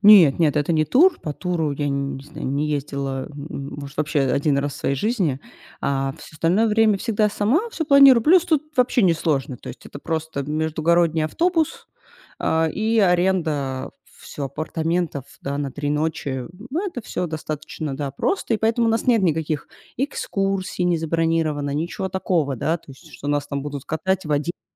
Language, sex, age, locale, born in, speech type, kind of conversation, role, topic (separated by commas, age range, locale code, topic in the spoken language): Russian, female, 35-39, ru-RU, Russia, conversation, advice, user, Как планировать короткий отпуск, чтобы полноценно отдохнуть?